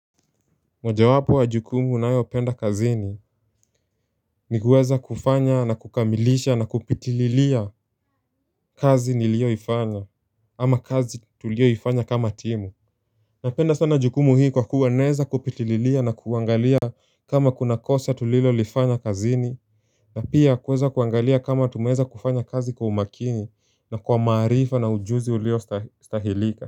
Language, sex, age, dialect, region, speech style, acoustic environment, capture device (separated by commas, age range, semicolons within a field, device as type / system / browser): Swahili, male, 18-24, Kenya - Nairobi Sheng-influenced, Kenya, focused, people talking, mobile / Linux / Chrome